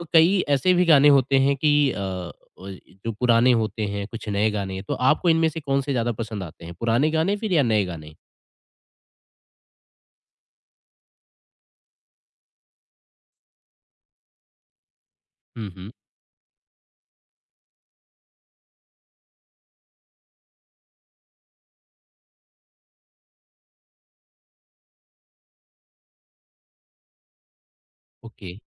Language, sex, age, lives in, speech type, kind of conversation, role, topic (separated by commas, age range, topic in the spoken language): Hindi, male, 25-29, India, conversation, podcast, host, Kya social media trends kabhi tumhara music taste badal dete hain?